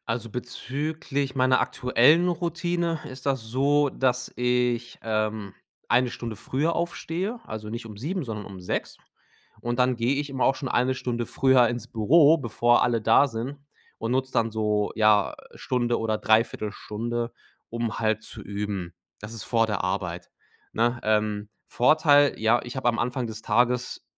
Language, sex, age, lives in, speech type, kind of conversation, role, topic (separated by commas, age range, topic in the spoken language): German, male, 30-34, Germany, conversation, advice, user, Wie kann ich bei begrenzter Zeit Prioritäten setzen und trotzdem realistische Ziele erreichen?